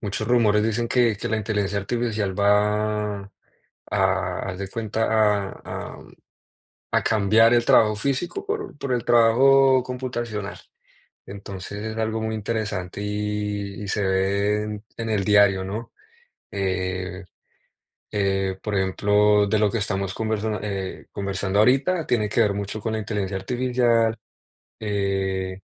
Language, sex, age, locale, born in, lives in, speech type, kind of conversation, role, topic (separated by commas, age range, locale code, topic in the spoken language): Spanish, male, 20-24, es-CO, Colombia, United States, conversation, unstructured, none, ¿Cómo imaginas el futuro con la inteligencia artificial?